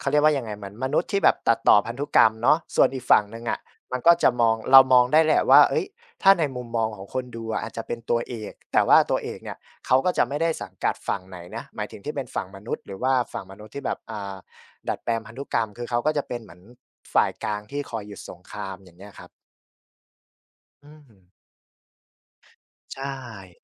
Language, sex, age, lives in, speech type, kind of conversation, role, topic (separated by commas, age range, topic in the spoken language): Thai, male, 25-29, Thailand, conversation, podcast, guest, งานอดิเรกอะไรช่วยลดความเครียดของคุณได้ดี?